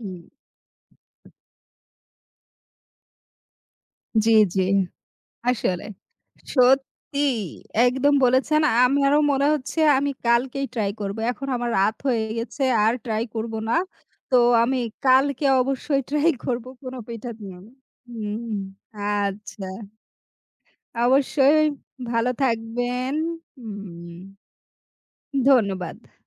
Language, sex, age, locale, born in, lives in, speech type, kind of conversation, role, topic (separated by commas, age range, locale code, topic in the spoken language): Bengali, female, 35-39, bn-BD, Bangladesh, Bangladesh, conversation, unstructured, none, আপনার প্রিয় পিঠা নিয়ে সবচেয়ে প্রিয় স্মৃতি কোনটি?